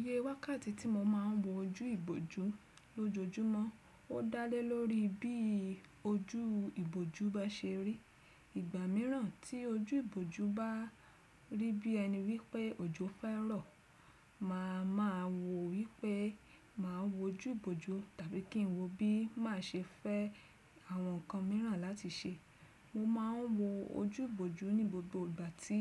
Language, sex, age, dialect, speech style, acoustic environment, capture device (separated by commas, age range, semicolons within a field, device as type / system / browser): Yoruba, female, 35-44, Nigeria - Lagos Yoruba, happy; relaxed; focused; excited, nature; silence, desktop / Windows / Chrome